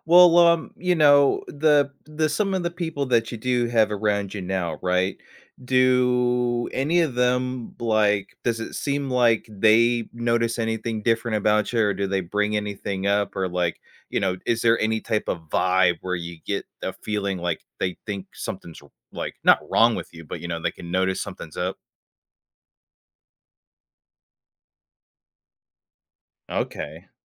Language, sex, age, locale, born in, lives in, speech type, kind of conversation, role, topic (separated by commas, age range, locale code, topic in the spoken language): English, male, 30-34, en-US, United States, United States, conversation, advice, advisor, How can I recover when a conversation goes awkwardly silent?